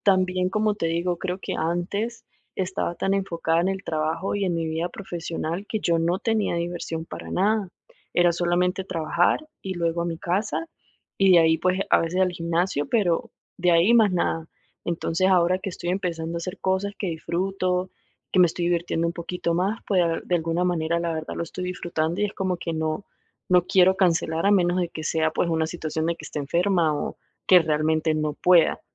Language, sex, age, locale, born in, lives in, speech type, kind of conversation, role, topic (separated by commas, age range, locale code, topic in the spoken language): Spanish, female, 30-34, es-VE, Venezuela, United States, conversation, advice, user, ¿Cómo puedo manejar la sobrecarga de compromisos sociales y responsabilidades que no quiero cancelar?